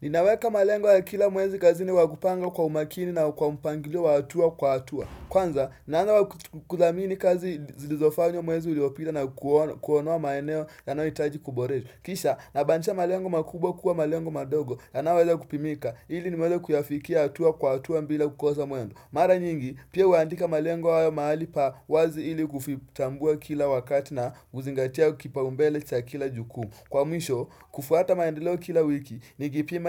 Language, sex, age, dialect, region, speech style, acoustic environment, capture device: Swahili, male, 18-24, Kenya - Mombasa, Kenya, happy, silence, desktop / Windows / Chrome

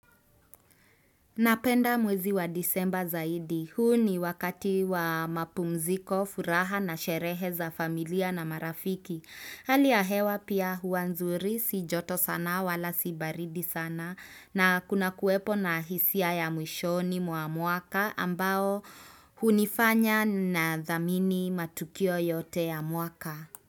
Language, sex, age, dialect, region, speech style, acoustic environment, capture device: Swahili, female, 25-34, Kenya - Nairobi Sheng-influenced, Kenya, happy; relaxed; excited; focused, nature; silence; appliances, desktop / Windows / Chrome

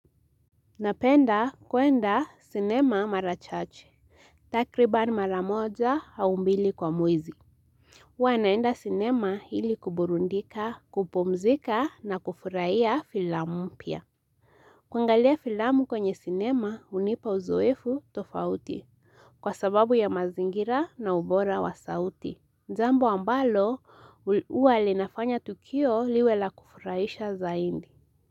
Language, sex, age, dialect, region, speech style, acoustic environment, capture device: Swahili, female, 18-24, Kenya - Nairobi Sheng-influenced, Kenya, relaxed; focused, silence, mobile / Linux / Chrome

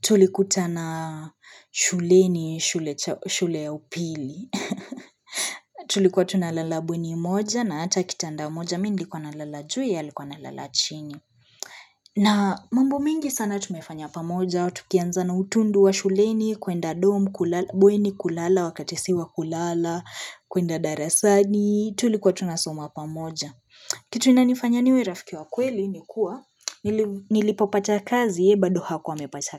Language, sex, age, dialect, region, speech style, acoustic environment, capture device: Swahili, female, 25-34, Kenya - Nairobi Sheng-influenced, Kenya, relaxed, appliances; silence, mobile / Linux / Chrome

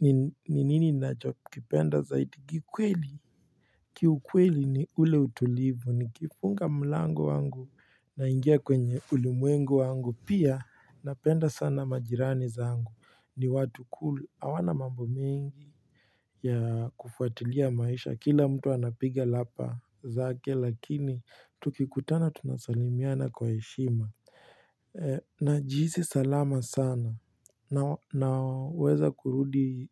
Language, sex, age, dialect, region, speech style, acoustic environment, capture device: Swahili, male, 18-24, Kenya - Nairobi Sheng-influenced, Kenya, relaxed, silence, mobile / Linux / Chrome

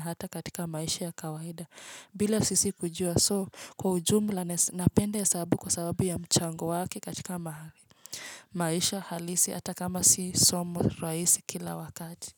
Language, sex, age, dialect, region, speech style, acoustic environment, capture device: Swahili, female, 25-34, Kenya - Nairobi Sheng-influenced, Kenya, relaxed, nature, mobile / Linux / Chrome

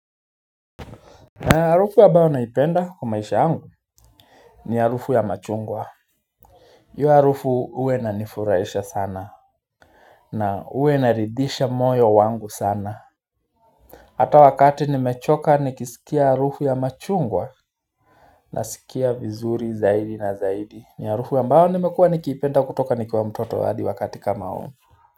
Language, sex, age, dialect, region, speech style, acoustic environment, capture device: Swahili, male, 25-34, Kenya - Nairobi Sheng-influenced, Kenya, relaxed; focused, silence, mobile / Linux / Chrome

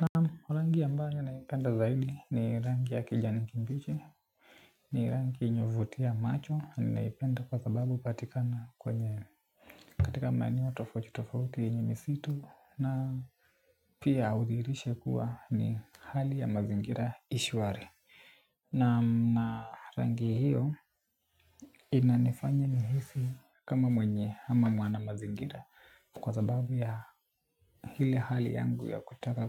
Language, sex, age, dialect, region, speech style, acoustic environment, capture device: Swahili, male, 18-24, Kenya - Nairobi Sheng-influenced, Kenya, happy, nature, desktop / Linux / Chrome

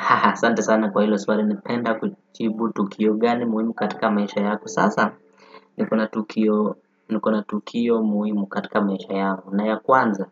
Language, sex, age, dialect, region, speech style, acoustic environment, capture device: Swahili, male, 18-24, Kenya - Nairobi Sheng-influenced, Kenya, happy, people talking, desktop / Windows / Firefox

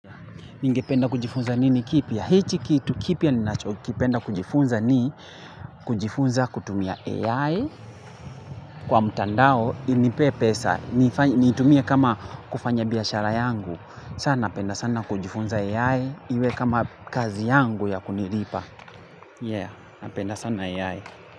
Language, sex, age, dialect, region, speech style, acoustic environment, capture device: Swahili, male, 25-34, Kenya - Nairobi Sheng-influenced, Kenya, focused, traffic; construction, mobile / Linux / Chrome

